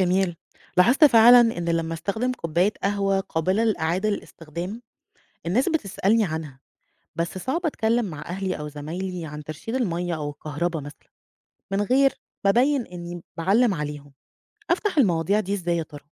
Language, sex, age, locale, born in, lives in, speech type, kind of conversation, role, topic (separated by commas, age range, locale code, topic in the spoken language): Arabic, female, 35-39, ar-EG, Egypt, Egypt, read, expert-assistant, asker, إزاي أقدر أغيّر عاداتي اليومية عشان أبقى أكتر صديق للبيئة وأقلل تأثيري على التغير المناخي؟